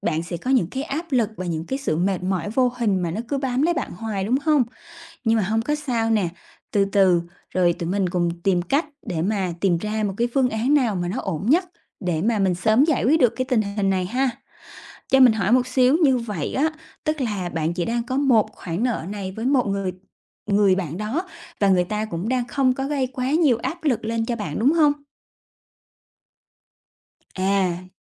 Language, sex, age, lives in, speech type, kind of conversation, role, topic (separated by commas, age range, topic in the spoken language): Vietnamese, female, 30-34, Vietnam, conversation, advice, advisor, Làm sao lập ngân sách để trả nợ hiệu quả?